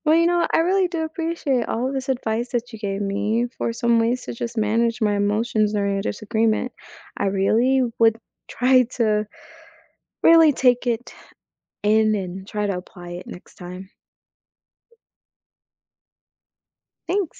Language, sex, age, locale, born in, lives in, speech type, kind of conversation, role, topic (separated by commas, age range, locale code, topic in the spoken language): English, female, 25-29, en-US, United States, United States, read, expert-assistant, asker, What are some ways to manage your emotions during a disagreement?